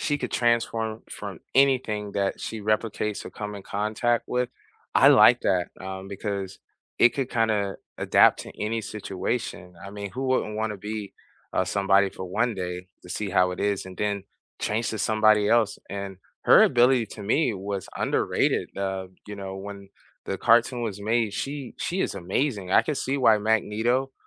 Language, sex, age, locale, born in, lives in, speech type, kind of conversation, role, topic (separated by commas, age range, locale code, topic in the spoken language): English, male, 40-44, en-US, United States, United States, conversation, unstructured, none, What cartoons from your childhood still make you laugh?